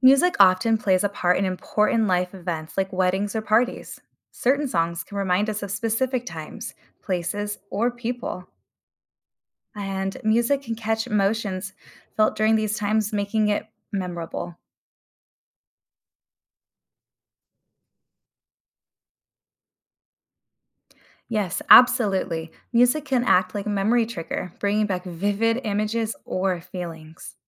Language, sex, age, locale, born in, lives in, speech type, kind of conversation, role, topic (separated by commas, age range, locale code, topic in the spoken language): English, female, 30-34, en-US, United States, United States, read, expert-assistant, expert, Explain how music influences moods and emotions.